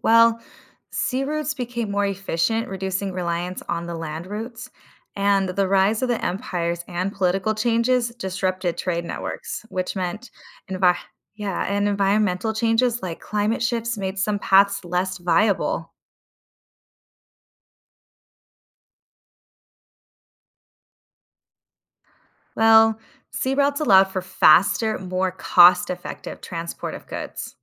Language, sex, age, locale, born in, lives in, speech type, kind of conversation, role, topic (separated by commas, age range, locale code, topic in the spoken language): English, female, 30-34, en-US, United States, United States, read, expert-assistant, expert, Explain how the Silk Road shaped trade and culture.